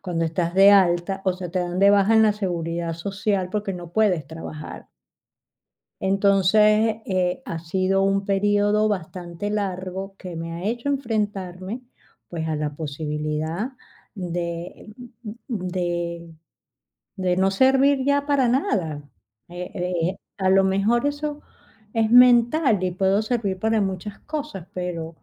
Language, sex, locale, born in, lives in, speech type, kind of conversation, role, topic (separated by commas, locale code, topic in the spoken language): Spanish, female, es-VE, Spain, Spain, conversation, advice, user, ¿Desde que te jubilaste o te retiraste, te has sentido sin rumbo?